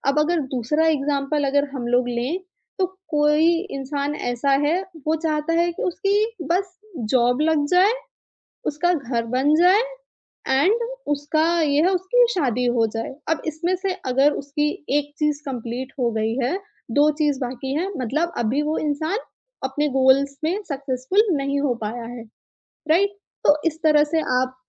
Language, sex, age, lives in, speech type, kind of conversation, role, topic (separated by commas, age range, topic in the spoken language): Hindi, female, 25-29, India, conversation, podcast, guest, Aaj kal tumhare liye success ka matlab kya hai?